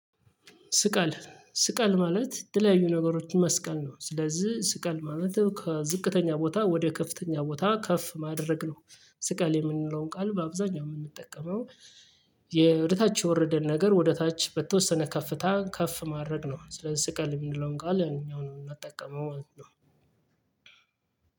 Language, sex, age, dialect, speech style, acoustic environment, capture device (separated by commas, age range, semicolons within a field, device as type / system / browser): Amharic, male, 35-44, Ethiopia - Gojjam, happy, traffic, mobile / Linux / Chrome